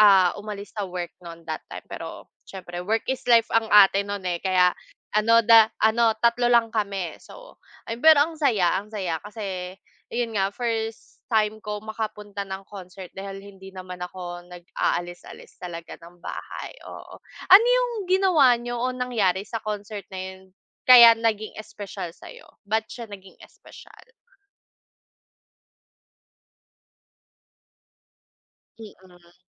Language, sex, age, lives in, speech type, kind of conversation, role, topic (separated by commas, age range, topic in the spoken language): Filipino, female, 25-29, Philippines, conversation, unstructured, none, Ano ang pinakanatatandaan mong konsiyertong napuntahan mo?